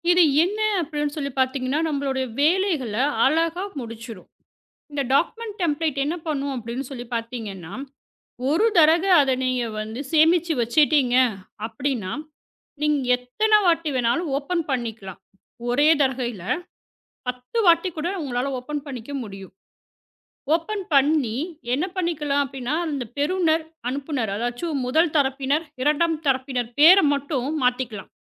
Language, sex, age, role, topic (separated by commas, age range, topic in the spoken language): Tamil, female, 35-39, guest, பணிகளை வேகமாக முடிக்க உதவும் குறுக்குவழிகள் மற்றும் தானியக்க குறிப்புகள் என்னென்ன?